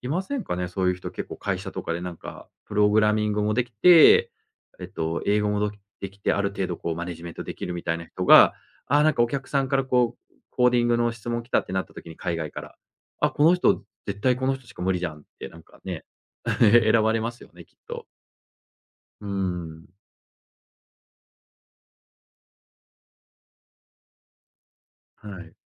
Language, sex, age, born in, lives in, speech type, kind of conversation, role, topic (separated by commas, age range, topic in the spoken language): Japanese, male, 40-44, Japan, Japan, conversation, podcast, guest, 完璧主義をどうやって抑える？